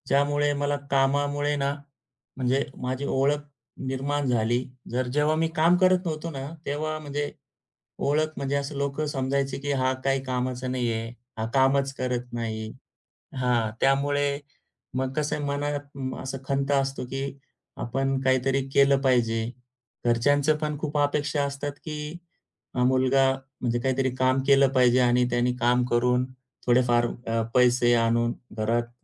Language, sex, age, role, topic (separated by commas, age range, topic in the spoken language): Marathi, male, 35-39, guest, तुमच्या कामानं तुमची ओळख कशी घडवली आहे?